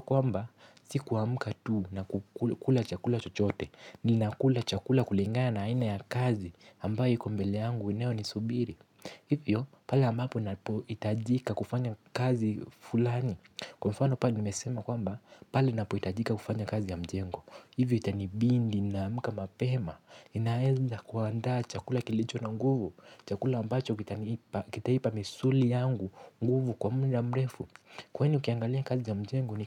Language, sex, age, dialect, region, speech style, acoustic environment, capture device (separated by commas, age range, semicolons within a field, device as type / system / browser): Swahili, male, 18-24, Kenya - Nairobi Sheng-influenced, Kenya, tired; relaxed; excited; focused, music; nature; silence, mobile / Linux / Chrome